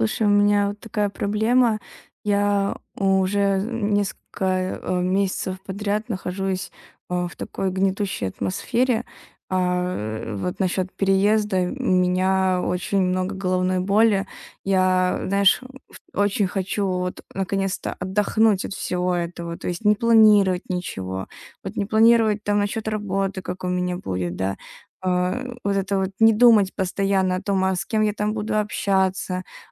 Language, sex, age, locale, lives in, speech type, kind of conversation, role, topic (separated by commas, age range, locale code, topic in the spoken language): Russian, female, 20-24, ru-RU, Estonia, conversation, advice, user, Как выбрать отдых, учитывая усталость и желание перезагрузиться?